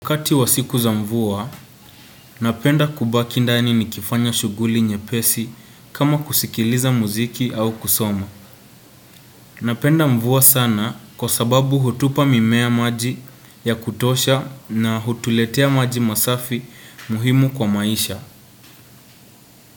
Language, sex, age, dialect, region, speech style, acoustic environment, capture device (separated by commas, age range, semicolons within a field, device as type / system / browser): Swahili, male, 18-24, Kenya - Nairobi Sheng-influenced, Kenya, relaxed; focused, nature, desktop / Windows / Chrome